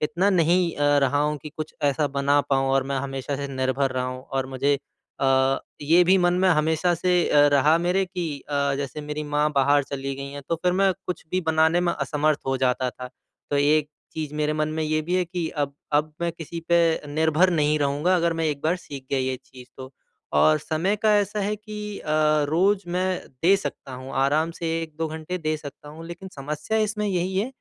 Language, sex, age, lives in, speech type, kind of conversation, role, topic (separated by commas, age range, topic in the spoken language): Hindi, male, 25-29, India, conversation, advice, user, मैं नियमित रूप से खाना बनाने की आदत कैसे बनाए रखूँ और अभ्यास कैसे जारी रखूँ?